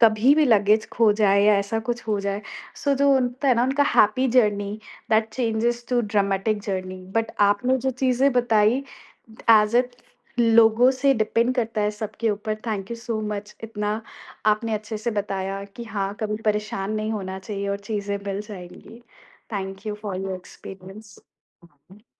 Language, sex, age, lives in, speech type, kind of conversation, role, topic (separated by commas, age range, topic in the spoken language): Hindi, female, 30-34, India, conversation, podcast, host, Travel ke dauran kabhi tumhara luggage kho gaya tha, phir kya hua aur woh kaise mila?